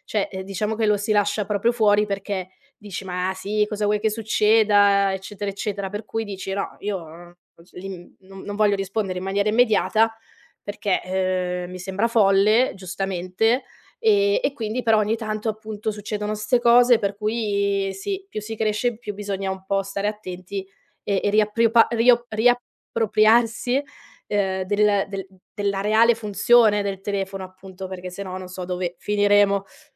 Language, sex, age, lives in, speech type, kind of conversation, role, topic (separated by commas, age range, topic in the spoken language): Italian, female, 30-34, Italy, conversation, podcast, host, Che ruolo hanno gli errori nel processo di apprendimento, secondo te?